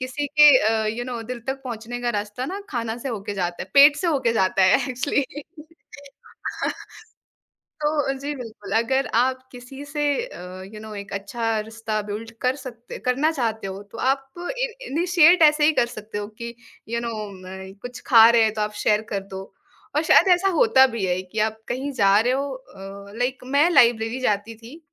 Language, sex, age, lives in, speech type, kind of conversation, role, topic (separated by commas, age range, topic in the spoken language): Hindi, female, 20-24, India, conversation, podcast, guest, Khaana share karne se rishton par kya asar padta hai?